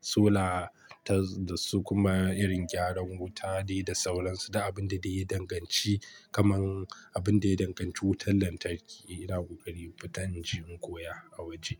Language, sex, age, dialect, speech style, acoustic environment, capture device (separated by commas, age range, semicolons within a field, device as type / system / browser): Hausa, male, 25-34, Nigeria - Kano, relaxed, silence; nature, mobile / Linux / Chrome